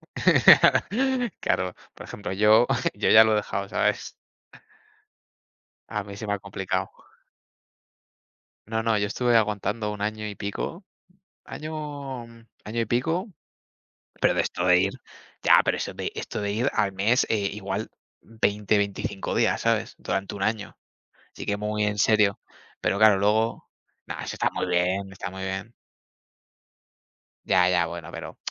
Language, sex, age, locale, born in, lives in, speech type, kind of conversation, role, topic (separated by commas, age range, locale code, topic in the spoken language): Spanish, male, 20-24, es-ES, Spain, Spain, conversation, unstructured, none, ¿Qué deporte crees que tiene más potencial para el desarrollo personal?